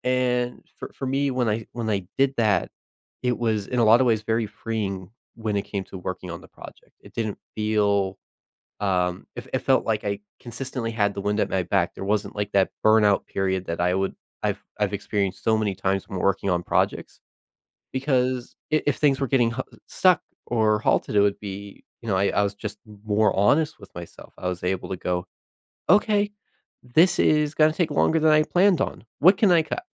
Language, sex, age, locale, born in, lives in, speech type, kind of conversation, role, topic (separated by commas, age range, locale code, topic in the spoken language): English, male, 20-24, en-US, United States, United States, conversation, advice, user, How can I celebrate and build on the pride I feel after achieving a personal goal?